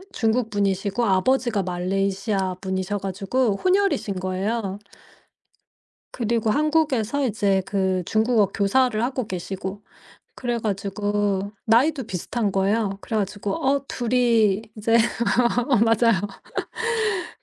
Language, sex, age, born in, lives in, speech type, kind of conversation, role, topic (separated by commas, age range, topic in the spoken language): Korean, female, 45-49, South Korea, United States, conversation, podcast, guest, 취미를 꾸준히 하는 비결이 뭐야?